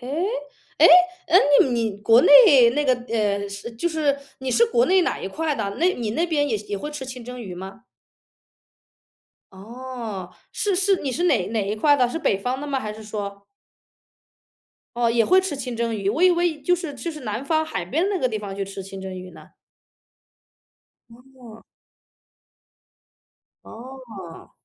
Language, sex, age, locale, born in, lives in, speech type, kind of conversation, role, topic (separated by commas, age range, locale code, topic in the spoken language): Chinese, female, 35-39, zh-CN, China, United States, conversation, podcast, host, 你认为哪道菜最能代表你的文化？